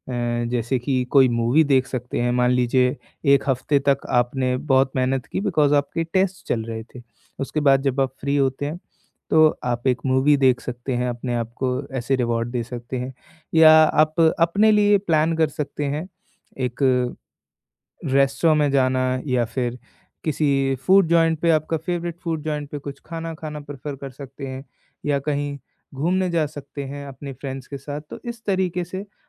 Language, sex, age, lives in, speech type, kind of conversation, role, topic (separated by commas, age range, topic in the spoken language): Hindi, male, 20-24, India, conversation, podcast, guest, Tum chhoti learning wins kaise celebrate karte ho, yaar?